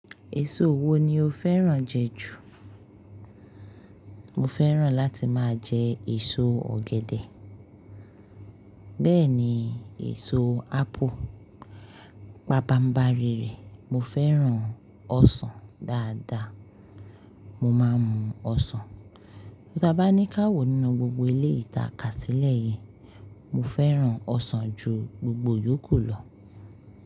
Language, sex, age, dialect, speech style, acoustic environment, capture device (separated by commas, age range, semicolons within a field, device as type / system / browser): Yoruba, female, 25-34, Nigeria - Lagos Yoruba, happy; focused, appliances, mobile / Linux / Chrome